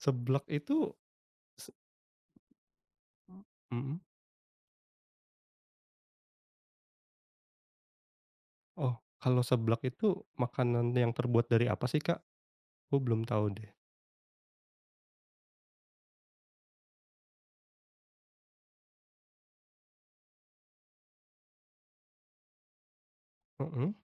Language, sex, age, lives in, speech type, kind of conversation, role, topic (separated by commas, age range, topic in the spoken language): Indonesian, male, 30-34, Indonesia, conversation, podcast, host, Apa pelajaran terbesar yang kamu dapat dari perjalananmu?